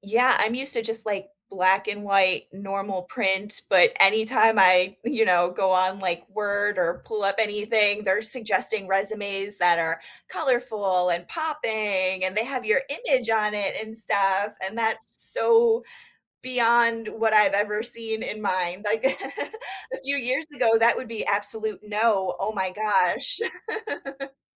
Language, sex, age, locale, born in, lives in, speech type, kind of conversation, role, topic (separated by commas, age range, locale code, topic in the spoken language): English, female, 30-34, en-US, United States, United States, conversation, advice, user, How do I handle feeling unappreciated at work?